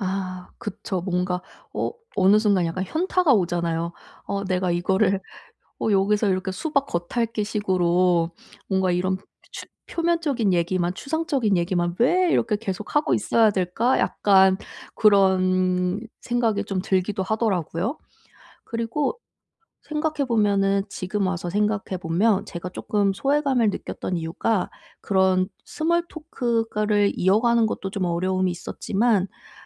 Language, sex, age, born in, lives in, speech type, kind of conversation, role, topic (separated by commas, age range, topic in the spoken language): Korean, female, 30-34, South Korea, South Korea, conversation, advice, user, 파티에서 소외감을 느끼고 불편할 때 어떻게 대처하면 좋을까요?